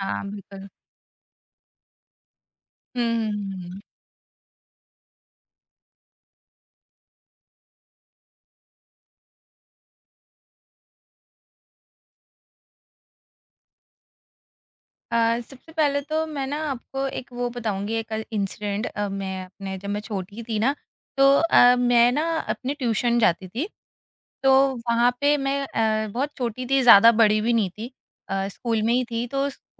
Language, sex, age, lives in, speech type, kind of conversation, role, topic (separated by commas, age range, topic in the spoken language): Hindi, female, 30-34, India, conversation, podcast, guest, Apne culture ki kaunsi comfort food sabko zaroor pata honi chahiye?